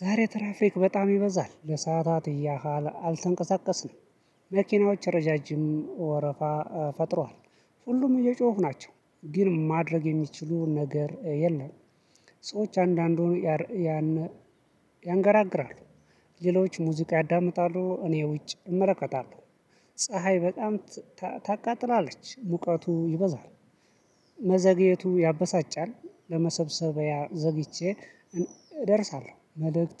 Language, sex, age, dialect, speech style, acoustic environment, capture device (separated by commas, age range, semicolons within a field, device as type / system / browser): Amharic, male, 25-34, Ethiopia - Addis Ababa, happy, silence, mobile / Linux / Chrome